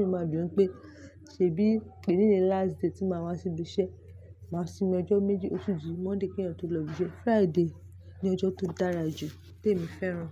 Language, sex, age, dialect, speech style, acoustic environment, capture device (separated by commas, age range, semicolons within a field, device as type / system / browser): Yoruba, female, 25-34, Nigeria - Lagos Yoruba, relaxed, nature, mobile / Linux / Chrome